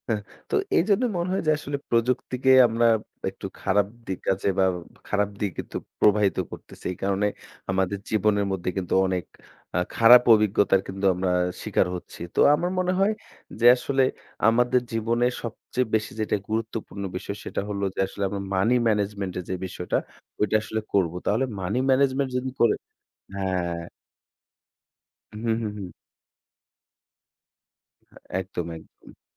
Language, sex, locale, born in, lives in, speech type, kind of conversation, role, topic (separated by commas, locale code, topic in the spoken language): Bengali, female, bn-BD, Bangladesh, Bangladesh, conversation, unstructured, none, টাকা নিয়ে মানসিক চাপ কমানোর উপায় কি আপনার জানা আছে?